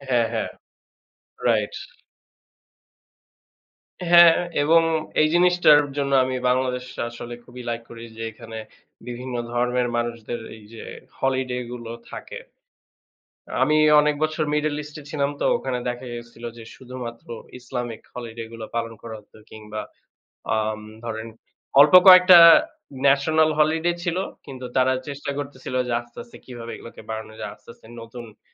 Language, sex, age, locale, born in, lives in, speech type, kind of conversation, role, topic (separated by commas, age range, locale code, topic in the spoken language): Bengali, male, 25-29, bn-BD, Bangladesh, Bangladesh, conversation, unstructured, none, আপনার কাছে কোন উৎসবটি সবচেয়ে বিশেষ, এবং কেন?